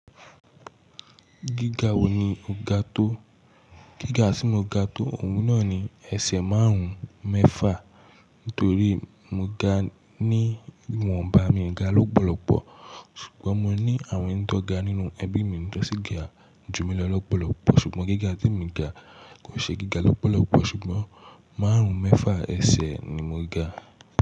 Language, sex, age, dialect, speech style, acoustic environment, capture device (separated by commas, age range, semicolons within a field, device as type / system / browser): Yoruba, male, 18-24, Nigeria - Nigerian Standard, relaxed, silence, mobile / Linux / Chrome